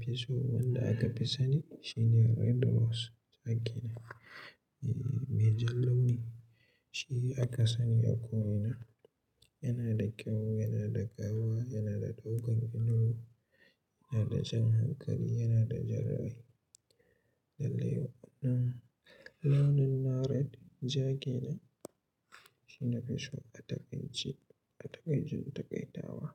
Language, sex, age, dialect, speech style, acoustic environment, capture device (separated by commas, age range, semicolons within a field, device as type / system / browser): Hausa, male, 18-24, Nigeria - Katsina, happy, silence; nature, mobile / Linux / Chrome